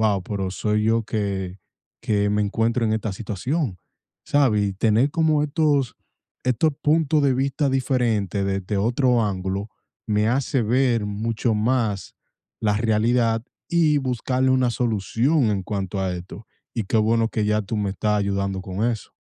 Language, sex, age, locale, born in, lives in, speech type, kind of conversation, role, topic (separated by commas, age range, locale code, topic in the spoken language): Spanish, male, 25-29, es-DO, United States, United States, conversation, advice, user, ¿Cómo puedo superar la vergüenza al pedir ayuda?